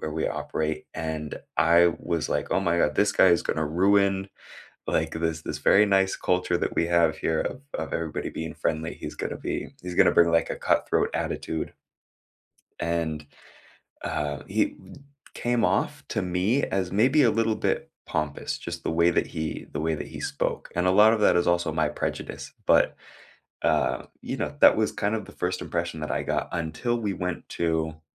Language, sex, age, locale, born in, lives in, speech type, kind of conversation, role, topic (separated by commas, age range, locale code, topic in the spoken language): English, male, 25-29, en-US, United States, United States, conversation, unstructured, none, What first impressions of someone surprised you, and what did you learn as you got to know them?